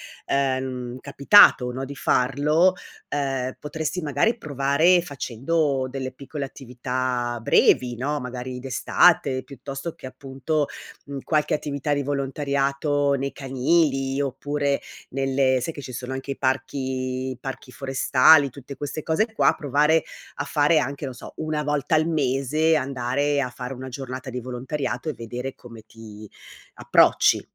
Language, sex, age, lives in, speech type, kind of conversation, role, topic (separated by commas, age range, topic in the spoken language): Italian, female, 55-59, Italy, conversation, advice, advisor, Come posso capire quale lavoro dia davvero significato alla mia vita?